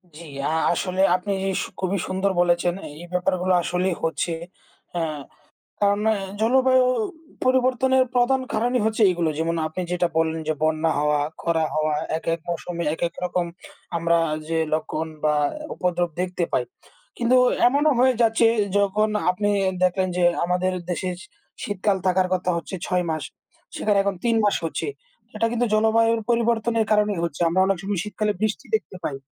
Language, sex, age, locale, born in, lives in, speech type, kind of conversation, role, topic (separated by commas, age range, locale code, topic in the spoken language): Bengali, male, 20-24, bn-BD, Bangladesh, Bangladesh, conversation, unstructured, none, পৃথিবীর জলবায়ু পরিবর্তন সম্পর্কে আপনার মতামত কী?